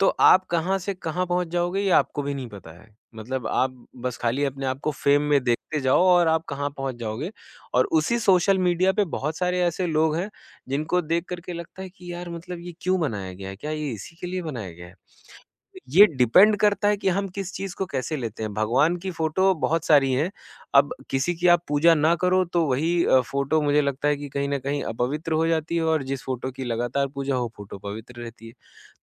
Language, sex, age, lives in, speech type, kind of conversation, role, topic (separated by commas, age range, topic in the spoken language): Hindi, male, 25-29, India, conversation, podcast, guest, Jab progress dheemi ho rahi ho, toh tum khud ko kaise motivate karte ho?